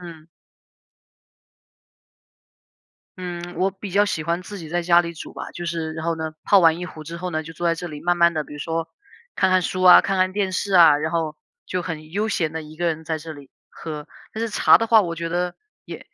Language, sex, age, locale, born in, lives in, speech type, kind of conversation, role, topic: Chinese, female, 30-34, zh-CN, China, United States, conversation, unstructured, none, 你觉得喝茶和喝咖啡哪个更提神？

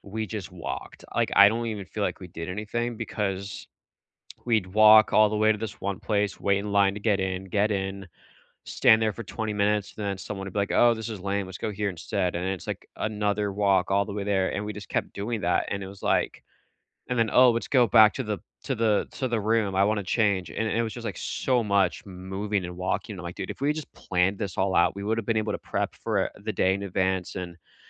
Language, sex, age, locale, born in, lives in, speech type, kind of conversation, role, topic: English, male, 25-29, en-US, United States, United States, conversation, unstructured, none, What travel tip do you absolutely swear by, even for short trips, and how did you discover it?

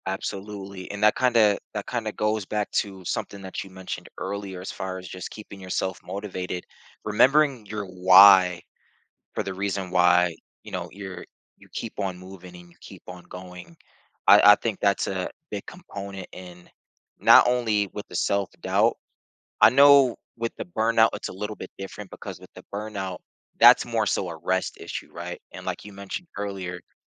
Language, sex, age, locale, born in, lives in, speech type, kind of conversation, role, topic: English, male, 30-34, en-US, United States, United States, conversation, podcast, host, What helps you keep going during challenging times?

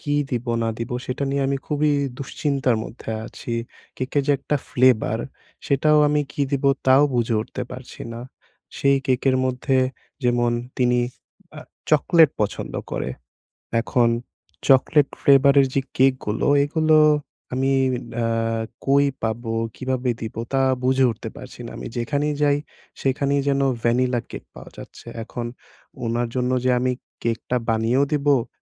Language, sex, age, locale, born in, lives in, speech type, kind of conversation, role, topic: Bengali, male, 20-24, bn-BD, Bangladesh, Bangladesh, conversation, advice, user, সঠিক উপলক্ষের জন্য আমি কীভাবে কারও জন্য উপযুক্ত উপহার বেছে নেব?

